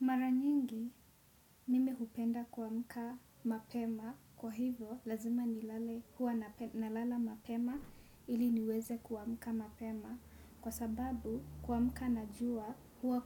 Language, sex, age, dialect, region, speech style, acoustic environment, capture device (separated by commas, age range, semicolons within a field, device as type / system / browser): Swahili, female, 18-24, Kenya - Nairobi Sheng-influenced, Kenya, relaxed; focused, nature; traffic; silence, desktop / Windows / Chrome